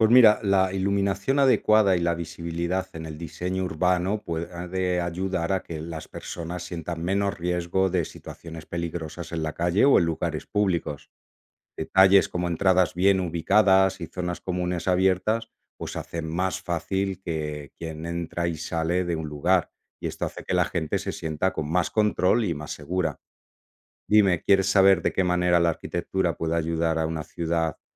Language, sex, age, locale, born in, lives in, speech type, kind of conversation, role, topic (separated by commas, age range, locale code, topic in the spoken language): Spanish, male, 45-49, es-ES, Spain, Italy, read, expert-assistant, expert, ¿Cómo influye la arquitectura en la calidad de vida de las personas?